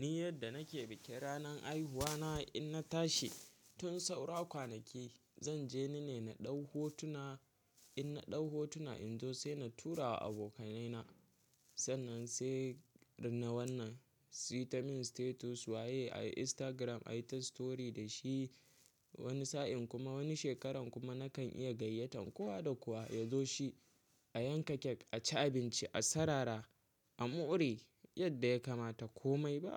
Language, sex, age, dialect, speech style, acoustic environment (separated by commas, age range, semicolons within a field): Hausa, male, 18-24, Nigeria - Kano, happy, silence